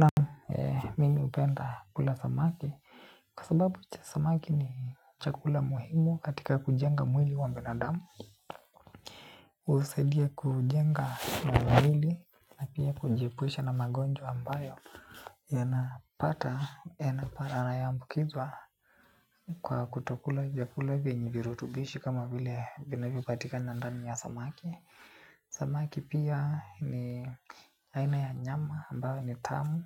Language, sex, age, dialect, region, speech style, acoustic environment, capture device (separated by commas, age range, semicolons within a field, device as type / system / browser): Swahili, male, 18-24, Kenya - Nairobi Sheng-influenced, Kenya, happy, nature, desktop / Linux / Chrome